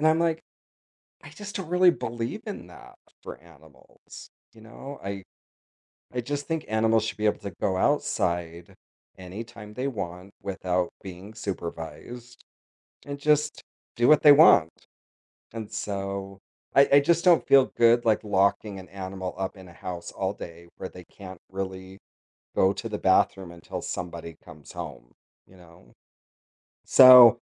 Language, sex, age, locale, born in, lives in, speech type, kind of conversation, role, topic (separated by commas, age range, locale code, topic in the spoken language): English, male, 50-54, en-US, United States, United States, conversation, unstructured, none, How do you feel about keeping wild animals as pets?